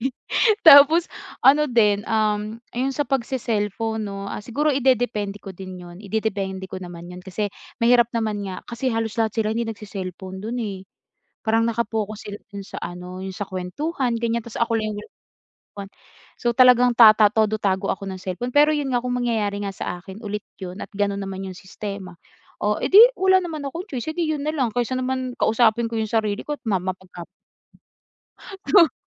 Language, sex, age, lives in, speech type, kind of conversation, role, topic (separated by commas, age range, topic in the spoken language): Filipino, female, 25-29, Philippines, conversation, advice, user, Paano ako magiging komportable kapag kasama ko ang grupo ng mga kaibigan ko?